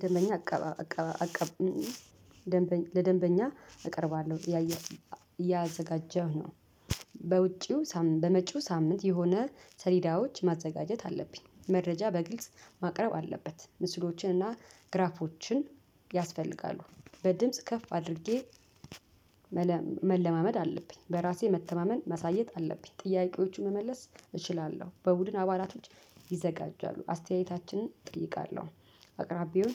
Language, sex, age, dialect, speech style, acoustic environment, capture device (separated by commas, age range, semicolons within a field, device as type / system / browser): Amharic, female, 35-44, Ethiopia - Addis Ababa, nervous, traffic, mobile / Linux / Chrome